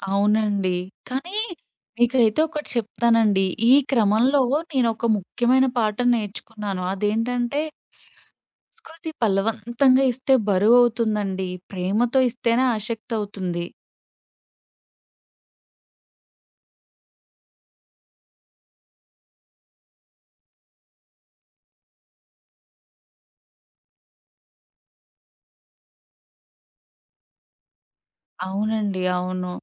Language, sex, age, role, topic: Telugu, female, 25-29, guest, మీ పిల్లలకు మీ సంస్కృతిని మీరు ఎలా పరిచయం చేసి నేర్పించాలనుకుంటారు?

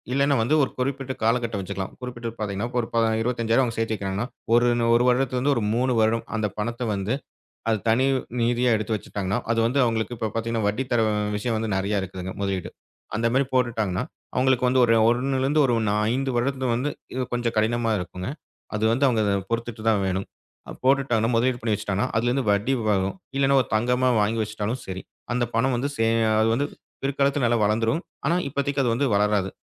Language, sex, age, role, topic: Tamil, male, 35-39, guest, நீங்கள் பணத்தைச் சேமிப்பதா, அல்லது புதிய அனுபவங்களுக்கு செலவிடுவதா என்று எப்படி முடிவு செய்வீர்கள்?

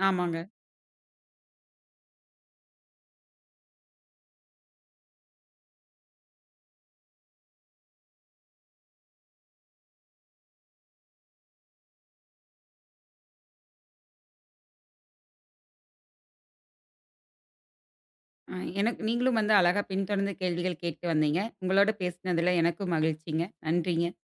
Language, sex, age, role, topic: Tamil, female, 25-29, guest, சொந்த வீடு வாங்கலாமா, இல்லையா வாடகையிலேயே தொடரலாமா என்று நீங்கள் எப்படி முடிவு செய்கிறீர்கள்?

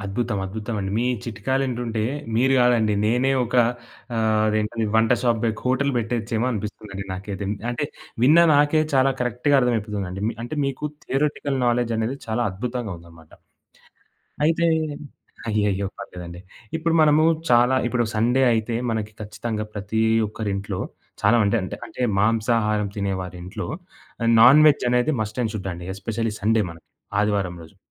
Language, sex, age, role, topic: Telugu, male, 20-24, host, వంటలో జరిగే చిన్న తప్పులను మీరు ఎలా సరిచేస్తారు?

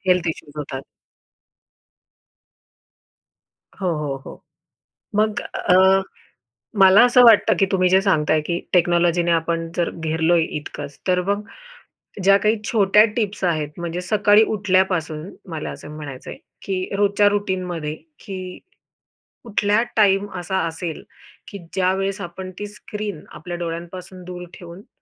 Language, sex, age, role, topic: Marathi, female, 30-34, host, स्क्रीन टाइम कमी करण्यासाठी कोणते सोपे उपाय करता येतील?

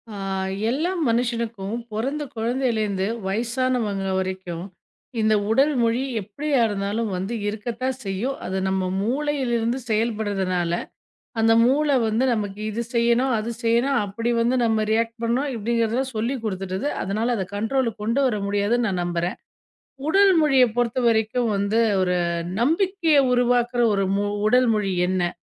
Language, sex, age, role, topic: Tamil, female, 40-44, host, உடல்மொழியால் நம்பிக்கை உருவாகுமா?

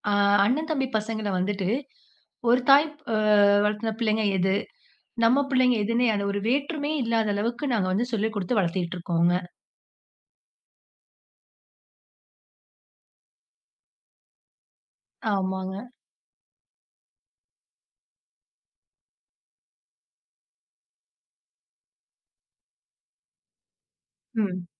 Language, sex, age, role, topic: Tamil, female, 30-34, guest, பிள்ளைகளுக்கு உங்கள் குடும்பத்தின் மரபுச் சடங்குகளை எப்படிக் கற்றுத் தருகிறீர்கள்?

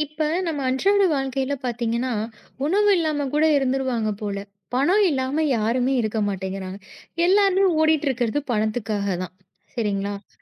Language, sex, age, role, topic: Tamil, female, 30-34, host, பணம் மற்றும் சேமிப்பைப் பற்றிய எண்ணங்களில் தலைமுறைகள் எவ்வாறு வேறுபடுகின்றன?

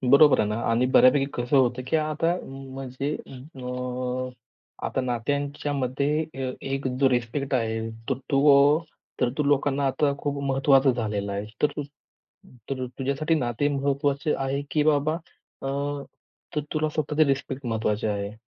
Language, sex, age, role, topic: Marathi, male, 25-29, host, तुमच्या मते माफी योग्य पद्धतीने कशी मागावी?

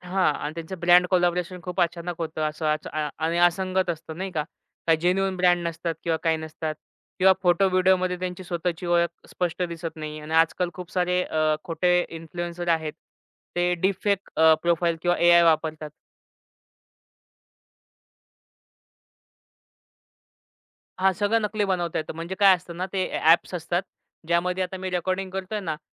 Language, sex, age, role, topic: Marathi, male, 25-29, guest, खरे व बनावट प्रभावक यांच्यातील फरक तुम्ही कसा ओळखता?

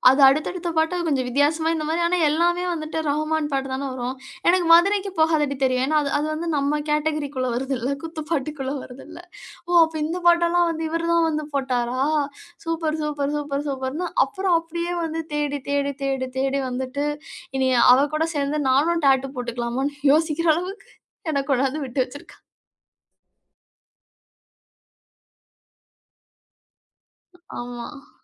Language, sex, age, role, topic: Tamil, female, 35-39, guest, நீங்கள் முதல் முறையாக இசையை எப்படி அறிந்துகொண்டீர்கள்?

